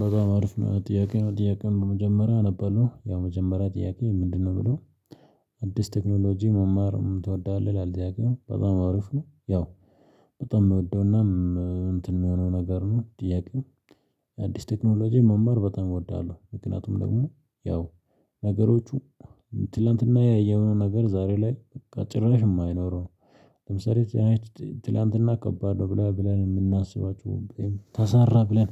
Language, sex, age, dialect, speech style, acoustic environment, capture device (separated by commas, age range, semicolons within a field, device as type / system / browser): Amharic, male, 25-34, Ethiopia - Addis Ababa, excited, silence, mobile / Linux / Chrome